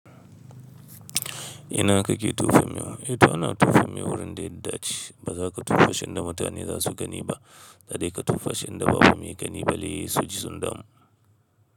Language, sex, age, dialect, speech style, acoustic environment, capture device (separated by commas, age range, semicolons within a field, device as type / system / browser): Hausa, male, 25-34, Nigeria - Kano, stressed, silence, mobile / Linux / Chrome